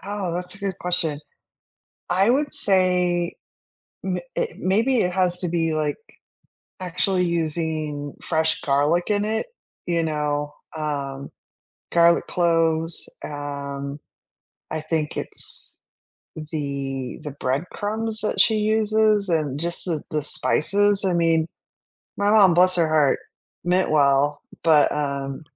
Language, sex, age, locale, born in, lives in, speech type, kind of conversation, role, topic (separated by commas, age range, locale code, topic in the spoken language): English, female, 45-49, en-US, United States, United States, conversation, unstructured, none, Which comfort foods warm your heart, and what memories or meanings make them special?